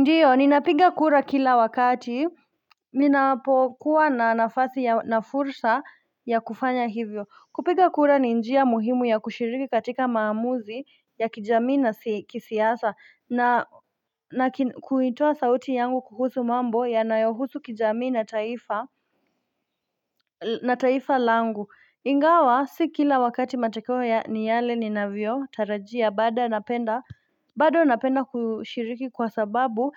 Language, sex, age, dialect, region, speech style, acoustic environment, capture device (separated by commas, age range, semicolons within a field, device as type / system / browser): Swahili, female, 25-34, Kenya - Nairobi Sheng-influenced, Kenya, excited, nature, mobile / Linux / Chrome